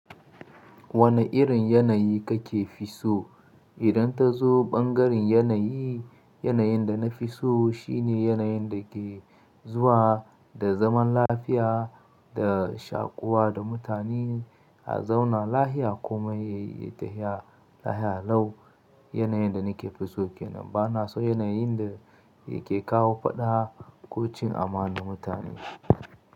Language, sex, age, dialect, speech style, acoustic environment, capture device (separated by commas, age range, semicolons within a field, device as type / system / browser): Hausa, male, 25-34, Nigeria - Katsina, excited; nervous, nature; silence, mobile / Linux / Chrome